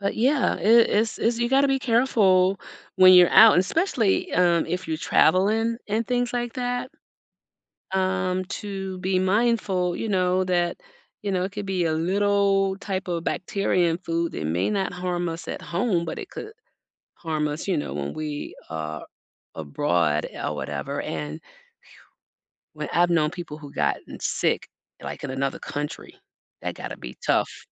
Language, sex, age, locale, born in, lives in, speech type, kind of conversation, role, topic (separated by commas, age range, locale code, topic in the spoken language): English, female, 60-64, en-US, United States, United States, conversation, unstructured, none, How does the fear of foodborne illness affect your eating habits?